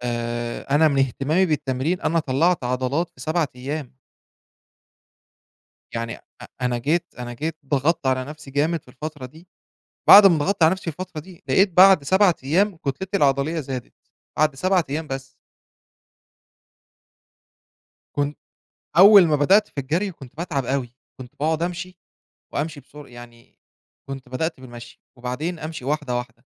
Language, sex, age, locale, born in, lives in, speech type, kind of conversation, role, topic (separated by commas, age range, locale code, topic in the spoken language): Arabic, male, 25-29, ar-EG, Egypt, Egypt, conversation, podcast, guest, احكيلي عن هواية غيّرت روتينك اليومي؟